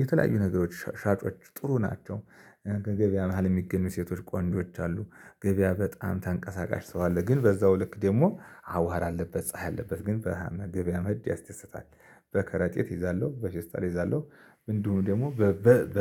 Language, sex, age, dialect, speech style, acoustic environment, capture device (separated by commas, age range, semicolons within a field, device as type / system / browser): Amharic, male, 35-44, Ethiopia - Addis Ababa, excited, silence, mobile / Linux / Chrome